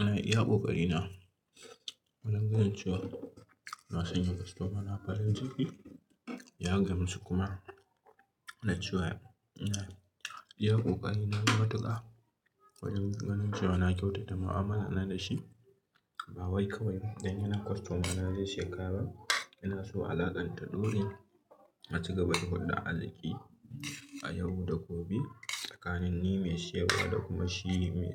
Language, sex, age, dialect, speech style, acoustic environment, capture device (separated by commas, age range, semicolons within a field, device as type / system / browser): Hausa, male, 18-24, Nigeria - Katsina, happy, silence, mobile / Linux / Chrome